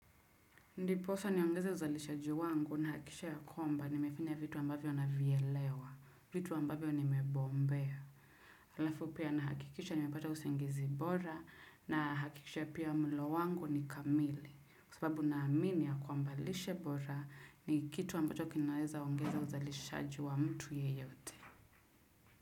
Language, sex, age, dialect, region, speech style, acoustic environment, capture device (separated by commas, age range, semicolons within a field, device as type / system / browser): Swahili, female, 25-34, Kenya - Nairobi Sheng-influenced, Kenya, focused, nature, desktop / Windows / Chrome